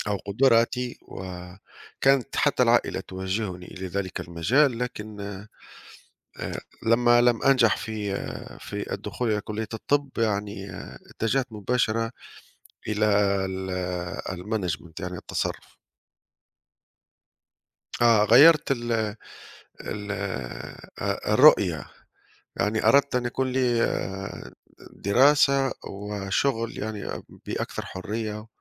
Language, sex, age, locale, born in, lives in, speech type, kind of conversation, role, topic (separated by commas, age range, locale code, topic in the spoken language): Arabic, male, 35-39, ar-TN, Tunisia, Italy, conversation, unstructured, none, إزاي تختار الشغل اللي مناسب ليك في حياتك؟